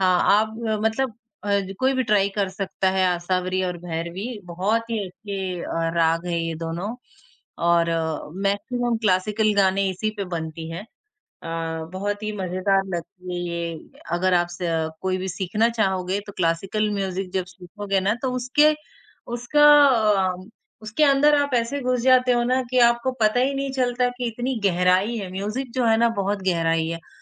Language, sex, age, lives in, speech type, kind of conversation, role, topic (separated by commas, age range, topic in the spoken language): Hindi, female, 20-24, India, conversation, podcast, guest, समय के साथ संगीत में आपकी पसंद कैसे बदली है?